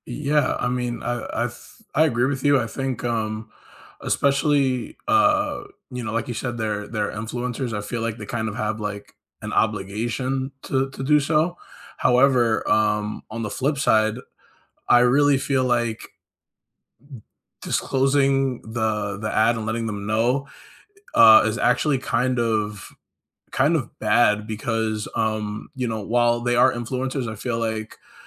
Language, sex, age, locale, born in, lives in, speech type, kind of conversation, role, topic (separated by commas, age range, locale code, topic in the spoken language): English, male, 25-29, en-US, United States, United States, conversation, unstructured, none, Should influencers always disclose sponsored content, or only when promotions are clearly recognizable as ads?